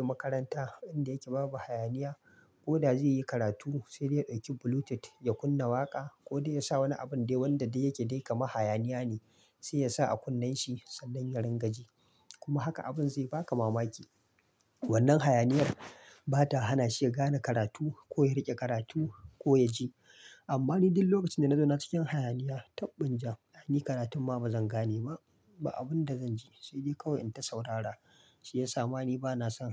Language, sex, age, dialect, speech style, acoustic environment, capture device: Hausa, male, 25-34, Nigeria - Kano, excited; focused; happy; relaxed, silence, mobile / Linux / Chrome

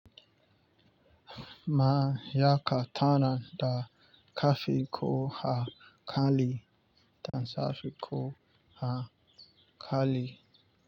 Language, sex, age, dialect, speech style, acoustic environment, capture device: Hausa, male, 25-34, Nigeria - Lagos Yoruba, relaxed, nature, mobile / Linux / Chrome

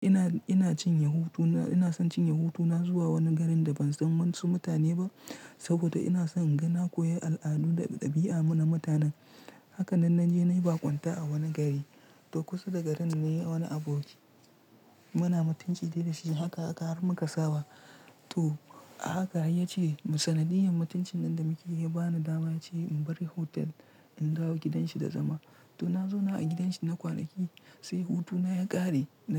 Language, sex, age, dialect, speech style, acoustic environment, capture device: Hausa, male, 25-34, Nigeria - Kano, relaxed; tired; stressed; focused, nature; silence, mobile / Linux / Chrome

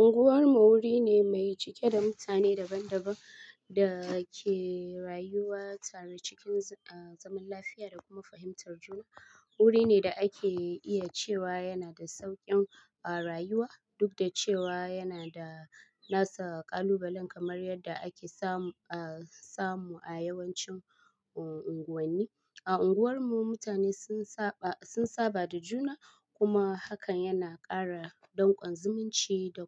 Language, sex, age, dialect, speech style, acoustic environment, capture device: Hausa, female, 25-34, Nigeria - Kano, happy; relaxed; excited, people talking; appliances, mobile / Linux / Chrome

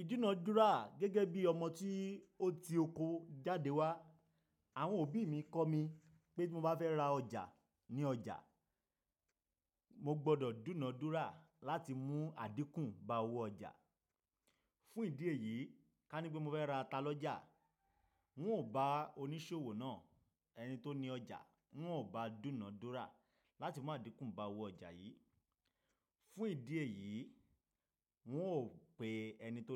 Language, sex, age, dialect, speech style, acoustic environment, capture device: Yoruba, male, 25-34, Nigeria - Nigerian Standard, happy, silence; nature, mobile / Linux / Chrome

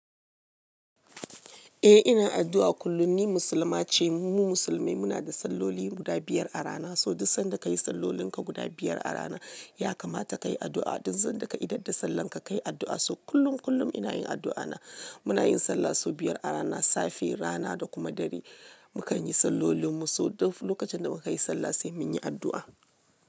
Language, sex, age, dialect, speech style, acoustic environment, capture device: Hausa, female, 35-44, Nigeria - Lagos Yoruba, happy, music, mobile / Linux / Chrome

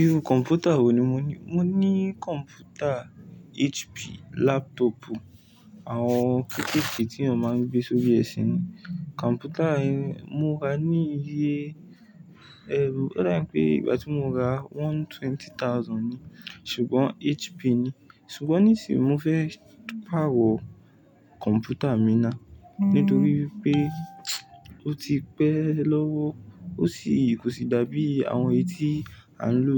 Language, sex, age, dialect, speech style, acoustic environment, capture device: Yoruba, male, 18-24, Nigeria - Ibadan, relaxed, nature; appliances, mobile / Linux / Chrome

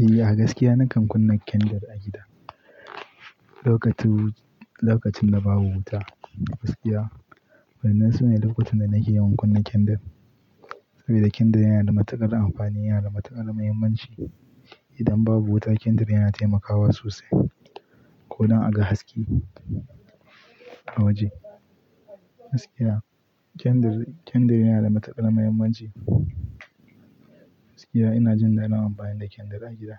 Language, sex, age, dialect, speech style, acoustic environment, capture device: Hausa, male, 18-24, Nigeria - Pidgin-influenced, relaxed; focused, silence, mobile / Linux / Chrome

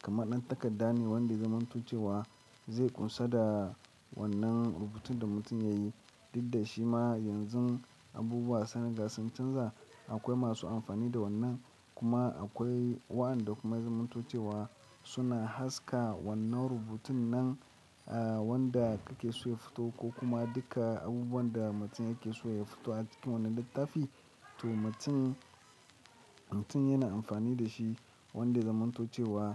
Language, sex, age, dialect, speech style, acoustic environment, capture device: Hausa, male, 25-34, Nigeria - Lagos Yoruba, happy; excited; focused, nature; appliances; people talking, mobile / Linux / Chrome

